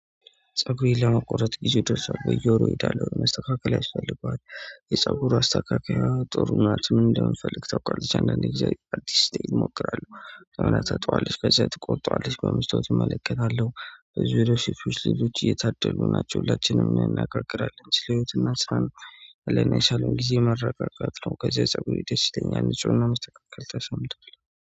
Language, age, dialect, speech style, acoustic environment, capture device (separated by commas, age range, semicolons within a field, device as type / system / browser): Amharic, 25-34, Ethiopia - Addis Ababa, happy, nature, mobile / Android / Chrome